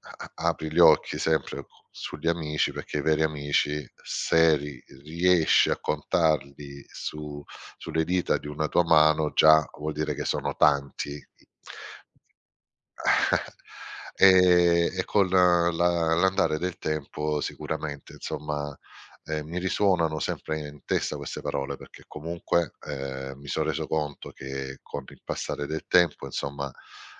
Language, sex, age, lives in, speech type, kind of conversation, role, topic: Italian, male, 50-54, Italy, conversation, podcast, guest, Qual è il miglior consiglio che hai ricevuto?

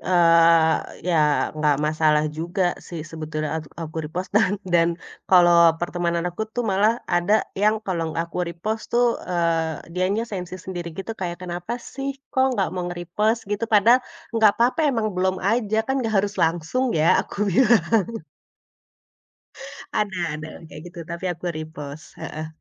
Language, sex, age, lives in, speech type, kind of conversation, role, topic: Indonesian, female, 35-39, Indonesia, conversation, podcast, guest, Bagaimana kamu menentukan seberapa pribadi materi yang kamu bagikan?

